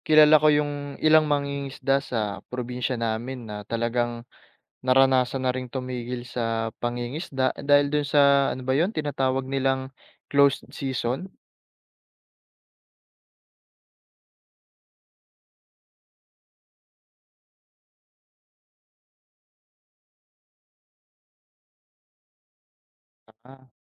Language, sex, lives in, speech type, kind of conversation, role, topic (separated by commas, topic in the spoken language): Filipino, male, Philippines, read, expert-assistant, asker, Ano ang epekto ng sobrang pangingisda sa dagat?